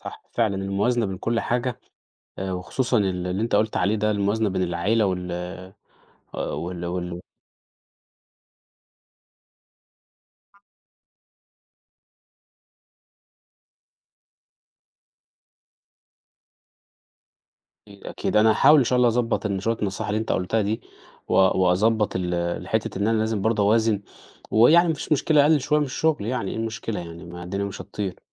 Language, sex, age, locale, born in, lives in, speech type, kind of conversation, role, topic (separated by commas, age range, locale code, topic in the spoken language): Arabic, male, 30-34, ar-EG, Egypt, Portugal, conversation, advice, user, إزاي بتوازن بين طموحك في الشغل وحياتك الشخصية وعلاقاتك؟